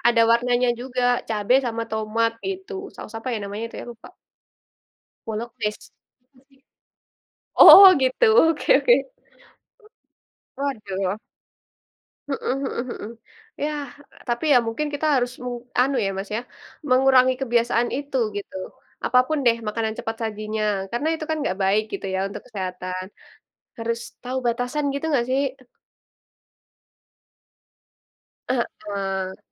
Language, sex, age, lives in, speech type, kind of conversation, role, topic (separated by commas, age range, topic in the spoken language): Indonesian, female, 20-24, Indonesia, conversation, unstructured, none, Apakah makanan cepat saji layak dijadikan pilihan utama?